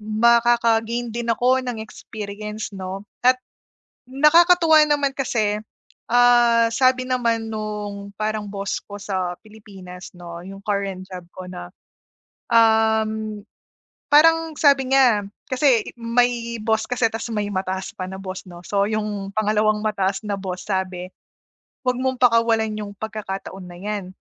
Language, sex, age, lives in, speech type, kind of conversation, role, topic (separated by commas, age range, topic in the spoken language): Filipino, female, 30-34, Philippines, conversation, advice, user, Paano ko haharapin ang mga hindi tiyak na sitwasyon nang nananatiling mausisa at bukas sa mga bagong ideya?